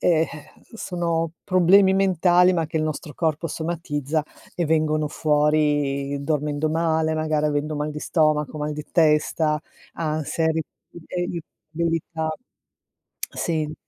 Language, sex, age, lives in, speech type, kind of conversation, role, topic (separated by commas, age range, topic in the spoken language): Italian, female, 55-59, Italy, conversation, advice, advisor, Perché mi sento sempre stanco anche se dormo molte ore?